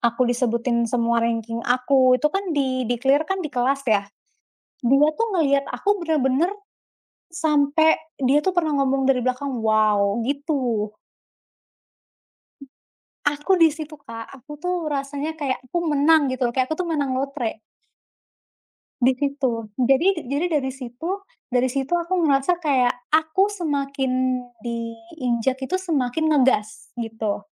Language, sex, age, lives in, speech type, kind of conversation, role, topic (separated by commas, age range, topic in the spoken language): Indonesian, female, 20-24, Indonesia, conversation, unstructured, none, Apa hal paling berani yang pernah kamu lakukan untuk menunjukkan jati dirimu?